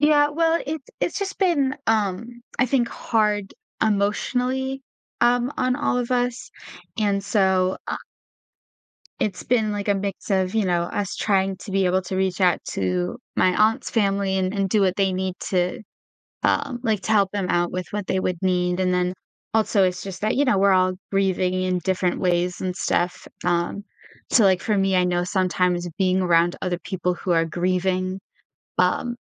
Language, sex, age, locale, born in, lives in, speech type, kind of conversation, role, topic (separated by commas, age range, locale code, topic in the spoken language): English, female, 20-24, en-US, United States, United States, conversation, advice, user, How can I spend more quality time with family?